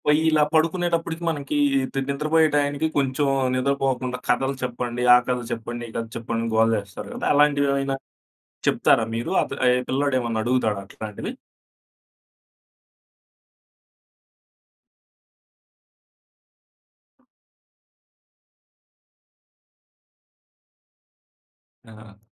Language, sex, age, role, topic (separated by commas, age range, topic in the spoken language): Telugu, male, 25-29, host, పిల్లలతో ఇంట్లో పనులు చేస్తూ ఉండేటప్పుడు మీకు ఉపయోగపడిన చిట్కాలు ఏవి?